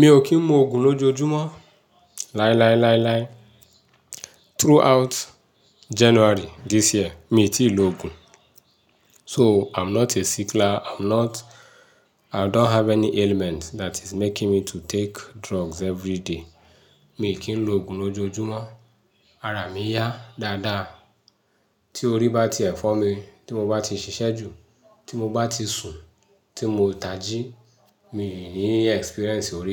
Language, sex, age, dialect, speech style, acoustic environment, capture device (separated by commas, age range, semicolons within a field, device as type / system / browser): Yoruba, male, 35-44, Nigeria - Ibadan, happy; relaxed, nature, mobile / Linux / Chrome